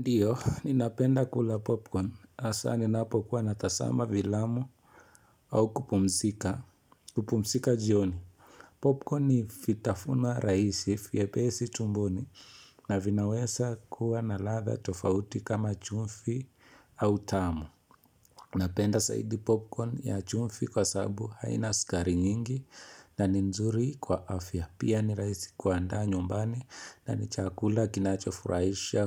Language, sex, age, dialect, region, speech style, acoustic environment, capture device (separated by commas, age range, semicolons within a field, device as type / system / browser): Swahili, male, 25-34, Kenya - Nairobi Sheng-influenced, Kenya, happy; focused; relaxed, people talking; appliances; silence, mobile / Linux / Chrome